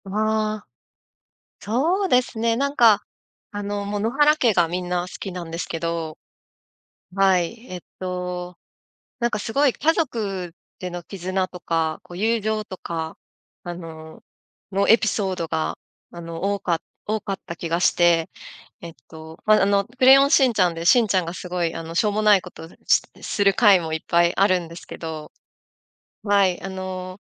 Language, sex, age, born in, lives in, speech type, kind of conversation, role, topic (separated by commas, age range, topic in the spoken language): Japanese, female, 35-39, Japan, Japan, conversation, podcast, guest, 子どもの頃に好きだったアニメは何ですか？